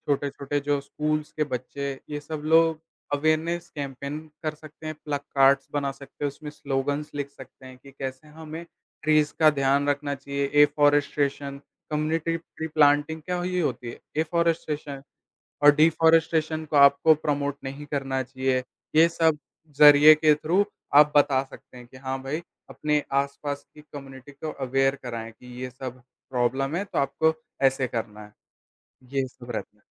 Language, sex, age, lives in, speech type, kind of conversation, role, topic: Hindi, male, 18-19, India, conversation, podcast, guest, Kaise start karein community tree-planting drives, practical tips do yaar?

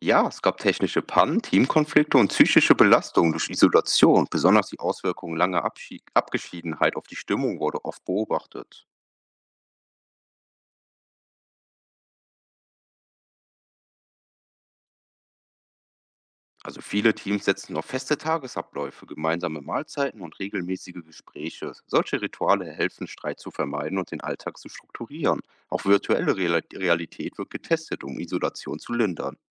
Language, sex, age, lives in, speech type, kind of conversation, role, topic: German, male, 25-29, Germany, read, expert-assistant, expert, Stimmt es wirklich, dass Menschen bald dauerhaft auf dem Mars leben werden können? Was ist aktuell dran an solchen Behauptungen?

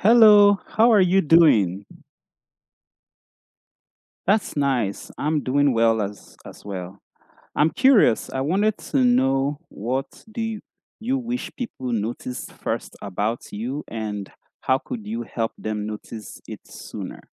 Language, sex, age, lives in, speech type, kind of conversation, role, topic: English, male, 25-29, Canada, conversation, unstructured, none, What do you wish people noticed first about you, and how could you help them notice it sooner?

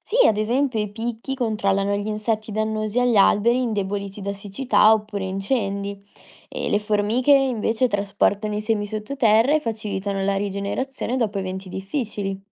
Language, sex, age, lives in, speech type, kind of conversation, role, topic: Italian, female, 20-24, Italy, read, expert-assistant, expert, In che modo le diverse specie di animali e piante collaborano per mantenere un ecosistema sano?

